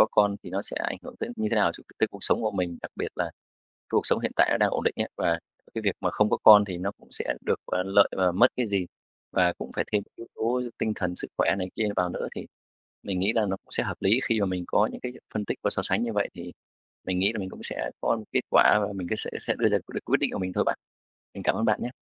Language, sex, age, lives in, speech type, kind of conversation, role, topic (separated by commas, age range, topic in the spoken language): Vietnamese, male, 35-39, Vietnam, conversation, advice, user, Bạn có nên sinh con hay không?